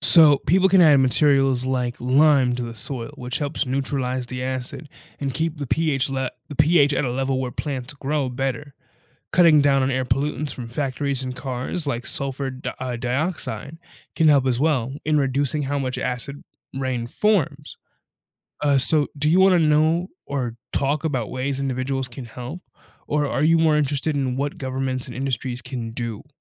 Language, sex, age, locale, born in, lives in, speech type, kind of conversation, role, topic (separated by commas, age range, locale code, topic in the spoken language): English, male, 20-24, en-US, United States, United States, read, expert-assistant, expert, How does the water cycle work on Earth?